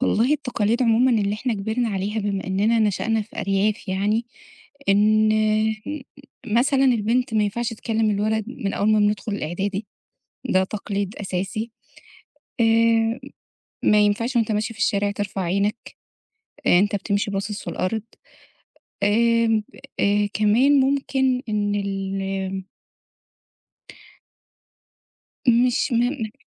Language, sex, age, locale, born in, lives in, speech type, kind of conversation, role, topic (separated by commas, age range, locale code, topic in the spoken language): Arabic, female, 30-34, ar-EG, Egypt, Egypt, conversation, podcast, guest, إيه هي التقاليد اللي اتربّيت عليها، وإزاي لسه مأثرة فيك لحد دلوقتي؟